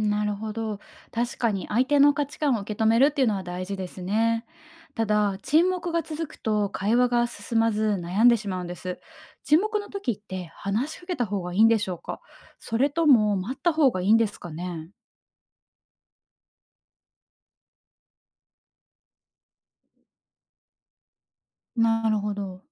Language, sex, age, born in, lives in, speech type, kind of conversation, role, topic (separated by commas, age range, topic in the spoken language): Japanese, female, 30-34, Japan, Japan, read, expert-assistant, asker, 利用者と話すとき、信頼してもらうために心がけていることはありますか？